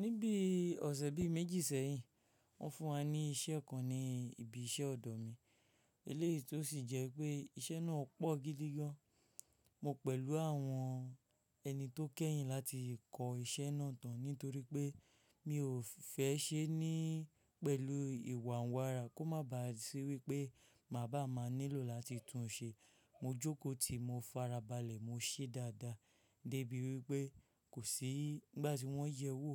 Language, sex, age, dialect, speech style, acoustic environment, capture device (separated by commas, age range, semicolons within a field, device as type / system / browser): Yoruba, male, 25-34, Nigeria - Ijebu, stressed, silence, mobile / Linux / Chrome